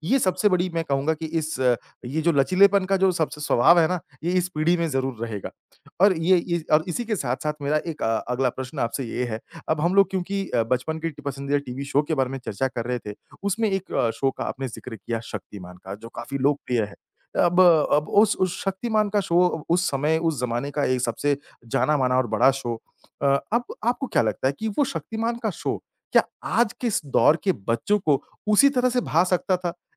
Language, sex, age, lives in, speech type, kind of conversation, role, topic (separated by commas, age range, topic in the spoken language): Hindi, male, 30-34, India, conversation, podcast, host, आपका बचपन का पसंदीदा टीवी कार्यक्रम या कार्टून कौन-सा था, और आपको वह क्यों पसंद था?